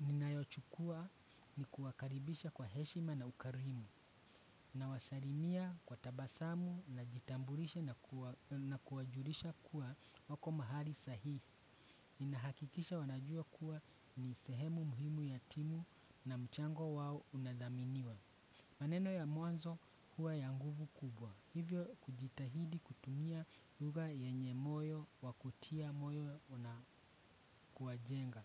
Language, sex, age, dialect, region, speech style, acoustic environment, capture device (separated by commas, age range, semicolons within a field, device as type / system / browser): Swahili, male, 25-34, Kenya - Nairobi Sheng-influenced, Kenya, excited, traffic, desktop / Windows / Chrome